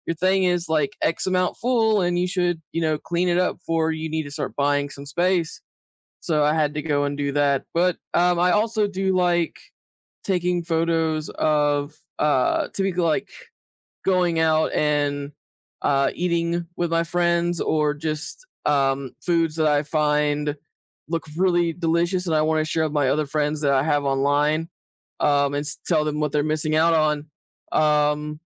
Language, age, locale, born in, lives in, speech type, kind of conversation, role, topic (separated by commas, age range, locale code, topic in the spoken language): English, 30-34, en-US, United States, United States, conversation, unstructured, none, What simple tips help you keep your digital photos and videos organized—and enjoy revisiting memories together?